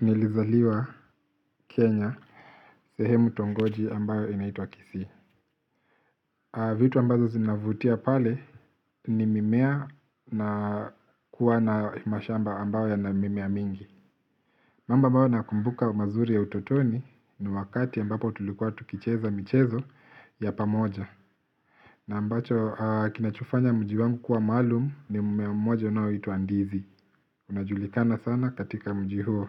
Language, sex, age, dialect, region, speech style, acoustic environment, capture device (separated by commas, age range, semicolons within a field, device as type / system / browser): Swahili, male, 25-34, Kenya - Nairobi Sheng-influenced, Kenya, relaxed, silence, mobile / Linux / Chrome